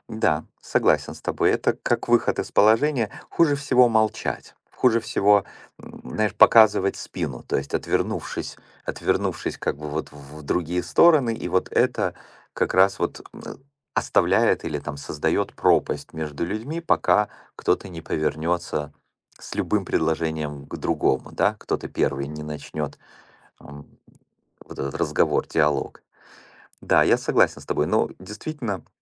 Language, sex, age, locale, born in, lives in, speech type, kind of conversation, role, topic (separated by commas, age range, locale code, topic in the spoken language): Russian, male, 45-49, ru-RU, Ukraine, United States, conversation, podcast, host, Как правильно извиняться, чтобы это действительно работало?